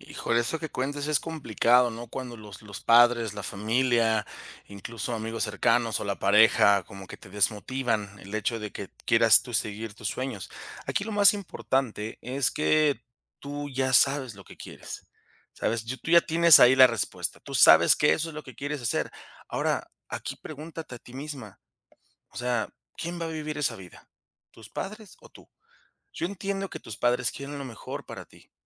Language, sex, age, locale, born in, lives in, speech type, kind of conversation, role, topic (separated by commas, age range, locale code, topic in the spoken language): Spanish, male, 30-34, es-MX, Mexico, Mexico, conversation, advice, advisor, ¿Debería dejar un trabajo estable para seguir mi pasión?